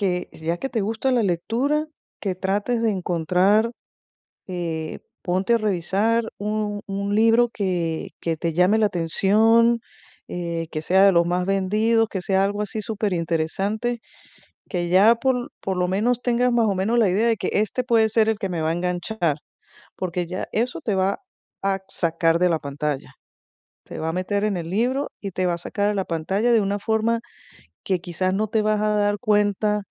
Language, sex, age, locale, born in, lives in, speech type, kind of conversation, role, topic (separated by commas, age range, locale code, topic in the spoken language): Spanish, female, 50-54, es-VE, Venezuela, United States, conversation, advice, advisor, ¿Cómo puedo aprovechar el aburrimiento para mejorar mi concentración y trabajar en tareas largas?